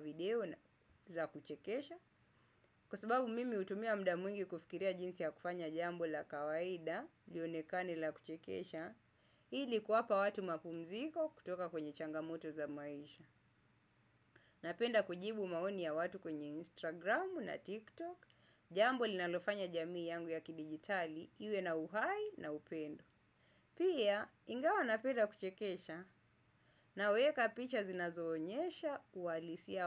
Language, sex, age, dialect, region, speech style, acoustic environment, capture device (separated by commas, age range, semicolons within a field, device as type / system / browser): Swahili, male, 25-34, Kenya - Mombasa, Kenya, happy; focused; excited; relaxed, nature; silence, desktop / Windows / Chrome